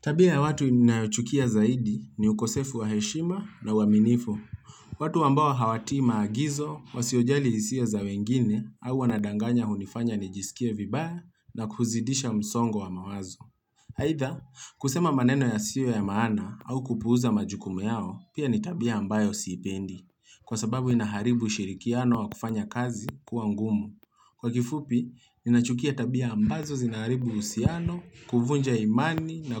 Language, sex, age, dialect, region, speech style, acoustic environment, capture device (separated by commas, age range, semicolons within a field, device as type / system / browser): Swahili, male, 25-34, Kenya - Nairobi Sheng-influenced, Kenya, relaxed; focused, nature; appliances, mobile / Linux / Chrome